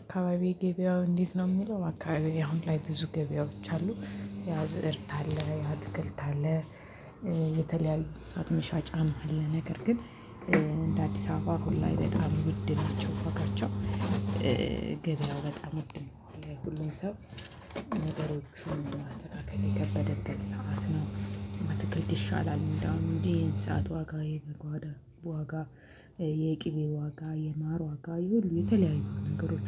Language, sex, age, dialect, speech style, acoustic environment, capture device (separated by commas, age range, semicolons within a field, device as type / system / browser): Amharic, female, 25-34, Ethiopia - Addis Ababa, happy, silence, mobile / Linux / Chrome